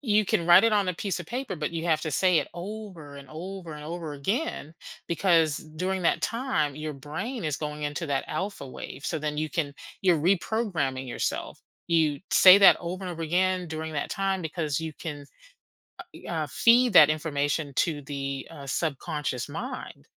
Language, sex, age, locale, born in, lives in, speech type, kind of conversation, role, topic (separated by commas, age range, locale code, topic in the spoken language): English, female, 50-54, en-US, United States, United States, conversation, advice, advisor, How can I manage daily stress from responsibilities?